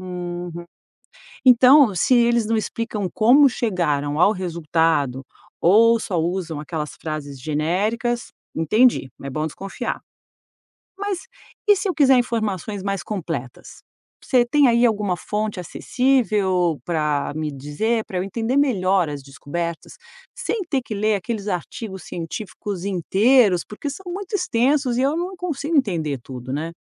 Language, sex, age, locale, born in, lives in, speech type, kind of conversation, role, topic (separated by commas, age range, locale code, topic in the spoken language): Portuguese, female, 50-54, pt-BR, Brazil, United States, read, expert-assistant, asker, Como saber se as notícias sobre estrelas e buracos negros são realmente confiáveis?